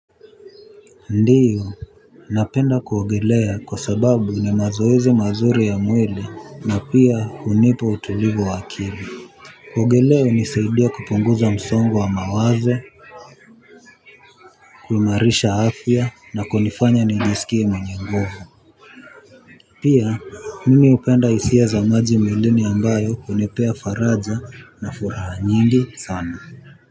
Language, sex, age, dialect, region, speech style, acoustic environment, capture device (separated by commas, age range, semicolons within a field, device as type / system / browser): Swahili, male, 18-24, Kenya - Nairobi Sheng-influenced, Kenya, focused; relaxed, people talking; traffic, mobile / Linux / Chrome